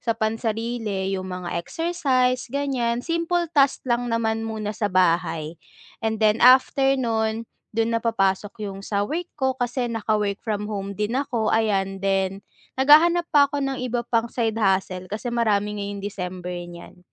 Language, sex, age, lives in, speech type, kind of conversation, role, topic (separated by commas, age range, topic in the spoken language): Filipino, female, 20-24, Philippines, conversation, advice, user, Paano ko mababalanse ang agarang mga gawain at ang pangmatagalang mga layunin?